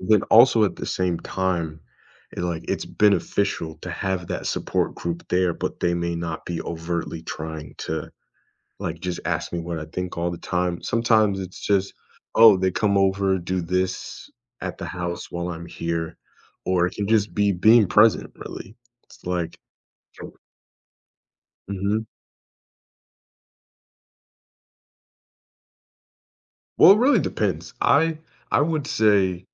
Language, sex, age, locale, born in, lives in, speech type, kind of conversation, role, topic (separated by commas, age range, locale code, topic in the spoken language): English, male, 25-29, en-US, United States, United States, conversation, podcast, guest, What are thoughtful ways friends can help someone cope with loss?